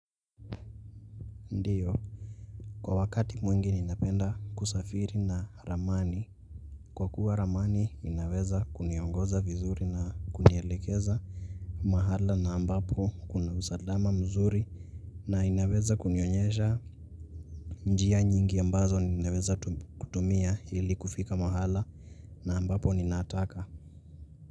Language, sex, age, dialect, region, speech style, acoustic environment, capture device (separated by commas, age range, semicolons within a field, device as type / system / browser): Swahili, male, 18-24, Kenya - Nairobi Sheng-influenced, Kenya, focused; relaxed, nature, mobile / Linux / Chrome